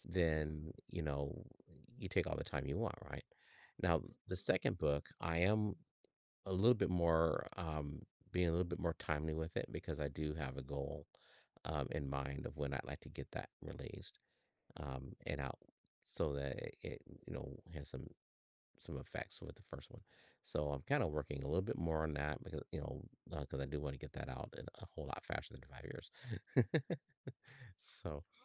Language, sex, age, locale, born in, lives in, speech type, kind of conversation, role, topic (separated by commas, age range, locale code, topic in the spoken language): English, male, 55-59, en-US, United States, United States, conversation, podcast, guest, How do you find and maintain inspiration throughout a creative project?